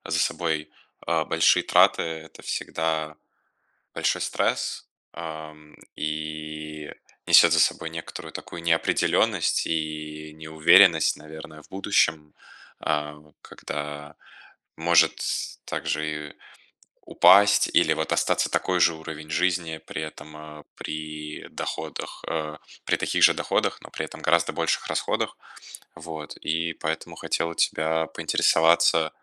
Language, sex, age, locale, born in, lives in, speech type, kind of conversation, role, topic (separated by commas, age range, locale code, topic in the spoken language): Russian, male, 20-24, ru-RU, Russia, Germany, conversation, advice, advisor, Как рост расходов на проживание создаёт для вас финансовое давление?